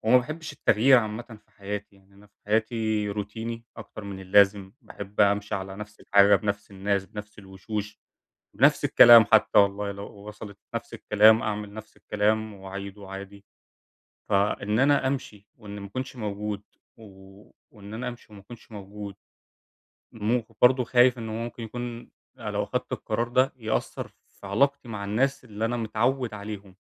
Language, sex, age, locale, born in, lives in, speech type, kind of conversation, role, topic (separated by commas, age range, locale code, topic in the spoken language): Arabic, male, 20-24, ar-EG, Egypt, Germany, conversation, advice, user, أتعامل إزاي مع خوفي من إني أخد قرار يغيّر حياتي ومخاوفي من المستقبل؟